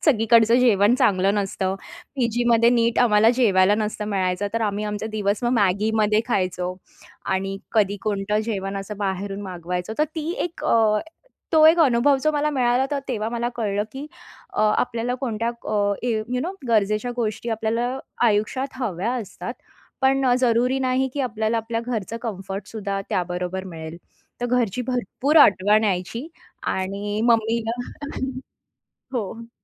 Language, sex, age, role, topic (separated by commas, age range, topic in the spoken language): Marathi, female, 30-34, guest, परिस्थिती बदलल्यावर आयुष्यात नव्याने सुरुवात कशी करावी?